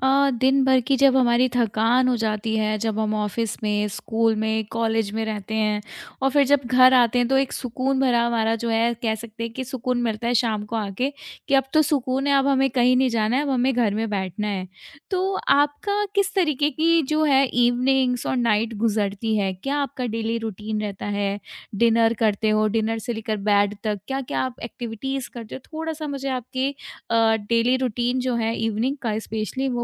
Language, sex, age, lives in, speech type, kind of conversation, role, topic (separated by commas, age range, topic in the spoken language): Hindi, female, 25-29, India, conversation, podcast, host, Neend behtar karne ke liye tum kya karte ho?